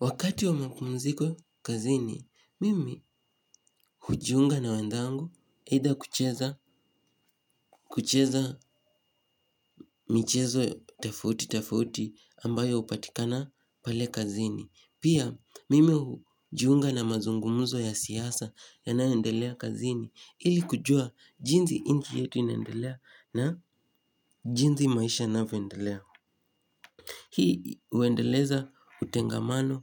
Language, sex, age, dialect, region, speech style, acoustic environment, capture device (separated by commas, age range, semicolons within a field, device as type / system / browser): Swahili, male, 18-24, Kenya - Nairobi Sheng-influenced, Kenya, happy, silence; nature, mobile / Linux / Chrome